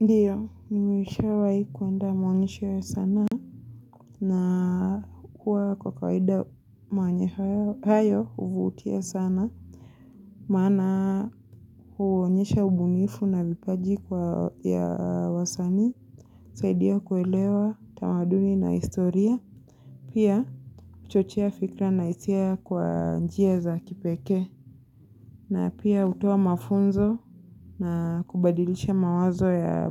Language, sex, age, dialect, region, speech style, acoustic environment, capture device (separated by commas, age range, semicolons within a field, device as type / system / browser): Swahili, female, 25-34, Kenya - Mombasa, Kenya, focused; excited, silence, mobile / Linux / Chrome